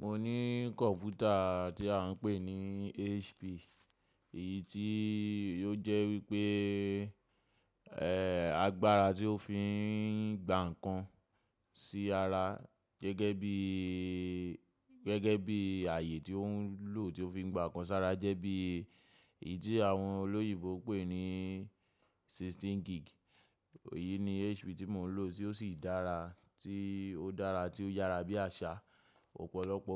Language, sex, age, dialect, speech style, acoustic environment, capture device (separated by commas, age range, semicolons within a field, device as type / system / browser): Yoruba, male, 25-34, Nigeria - Lagos Yoruba, relaxed, people talking, mobile / macOS / Safari